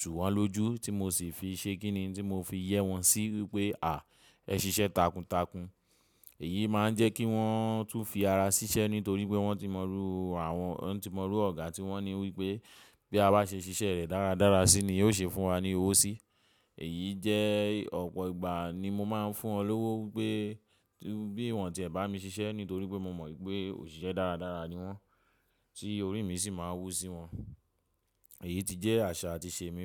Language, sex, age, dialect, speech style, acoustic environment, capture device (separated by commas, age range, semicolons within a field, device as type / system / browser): Yoruba, male, 25-34, Nigeria - Lagos Yoruba, relaxed, people talking, mobile / macOS / Safari